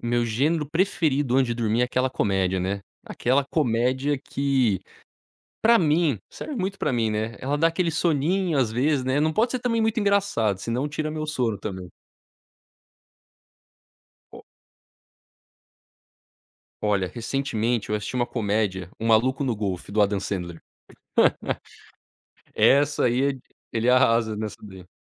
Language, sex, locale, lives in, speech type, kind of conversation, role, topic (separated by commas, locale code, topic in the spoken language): Portuguese, male, pt-BR, United States, conversation, podcast, guest, Como você escolhe o que assistir quando há muitas opções na Netflix?